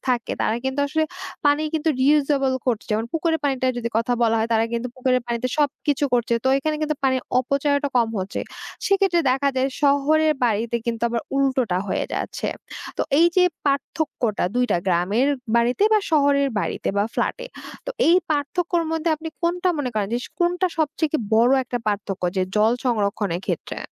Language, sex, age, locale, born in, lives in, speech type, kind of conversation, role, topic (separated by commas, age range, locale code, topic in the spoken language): Bengali, female, 20-24, bn-BD, Bangladesh, Bangladesh, conversation, podcast, host, জল সংরক্ষণের জন্য বাড়িতে কী কী পরিবর্তন আনা যেতে পারে বলে আপনি মনে করেন?